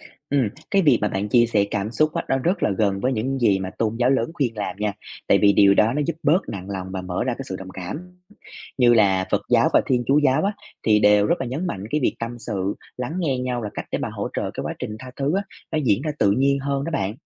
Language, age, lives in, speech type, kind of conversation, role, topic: Vietnamese, 25-29, Vietnam, read, expert-assistant, expert, Có điểm chung gì trong cách các tôn giáo lớn nhìn nhận về sự tha thứ?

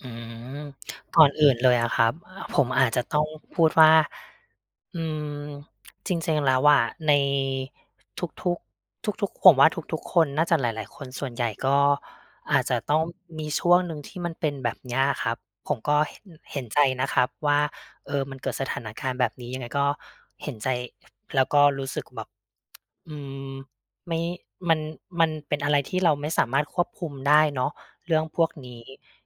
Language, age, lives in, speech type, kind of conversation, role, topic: Thai, 35-39, Thailand, conversation, advice, advisor, ฉันไม่มีเงินสำรองฉุกเฉินและกังวลว่าจะเกิดเหตุไม่คาดคิด ควรเริ่มวางแผนการเงินอย่างไรดี?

